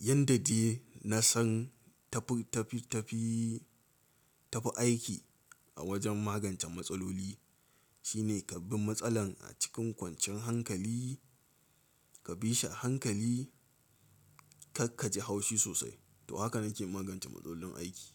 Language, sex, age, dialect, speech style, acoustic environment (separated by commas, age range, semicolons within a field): Hausa, male, 18-24, Nigeria - Katsina, nervous; excited, silence